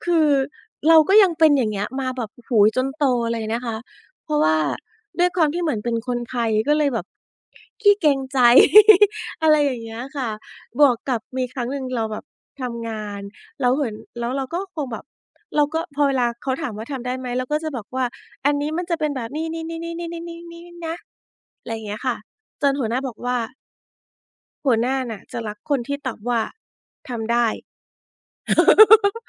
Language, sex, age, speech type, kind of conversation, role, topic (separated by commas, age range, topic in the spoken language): Thai, female, 40-44, conversation, podcast, guest, คุณฝึกบอกว่า “ไม่” อย่างมั่นใจได้อย่างไร?